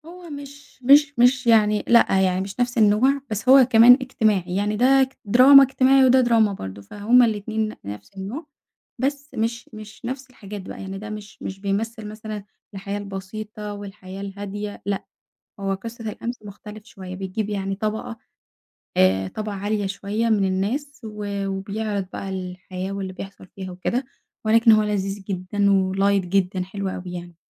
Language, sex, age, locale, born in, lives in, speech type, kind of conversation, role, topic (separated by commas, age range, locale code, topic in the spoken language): Arabic, female, 20-24, ar-EG, Egypt, Egypt, conversation, podcast, guest, إيه المسلسل اللي بتفتكره من طفولتك ولسه فاكره لحد دلوقتي؟